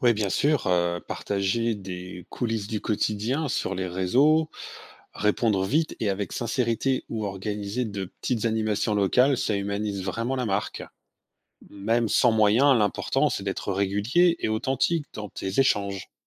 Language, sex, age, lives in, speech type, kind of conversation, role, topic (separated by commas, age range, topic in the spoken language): French, male, 45-49, France, read, expert-assistant, expert, Quelles idées pourrait-on imaginer pour aider une marque à donner une image plus sympathique au public ?